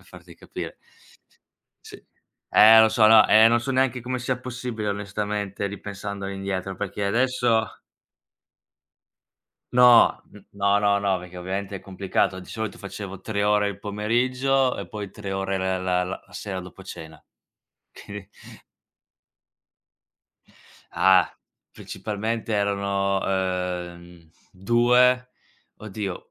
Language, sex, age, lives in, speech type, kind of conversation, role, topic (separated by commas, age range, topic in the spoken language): Italian, male, 25-29, Italy, conversation, podcast, guest, In che modo questo hobby ha influenzato la tua vita?